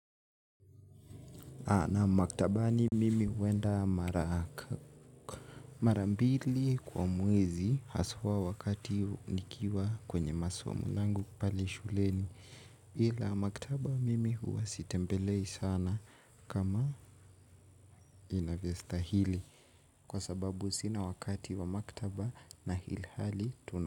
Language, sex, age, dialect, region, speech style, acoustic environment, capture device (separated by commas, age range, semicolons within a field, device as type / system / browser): Swahili, male, 18-24, Kenya - Nairobi Sheng-influenced, Kenya, happy, music, mobile / Linux / Chrome